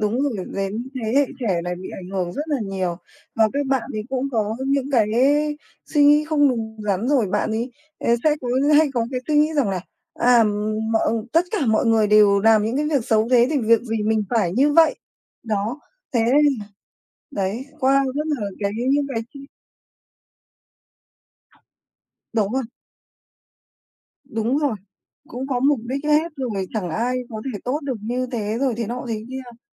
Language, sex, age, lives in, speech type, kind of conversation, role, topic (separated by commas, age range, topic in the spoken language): Vietnamese, male, 30-34, Vietnam, conversation, unstructured, none, Bạn có nghĩ rằng lòng tốt rất quan trọng không, và vì sao?